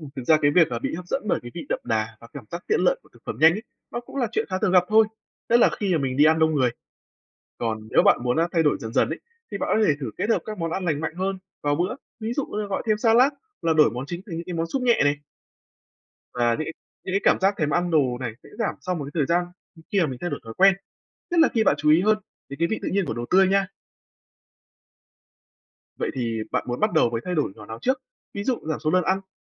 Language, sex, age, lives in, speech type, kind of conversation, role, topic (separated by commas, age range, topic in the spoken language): Vietnamese, male, 20-24, Vietnam, read, expert-assistant, expert, Tác động của việc sử dụng thực phẩm nhanh đối với sức khỏe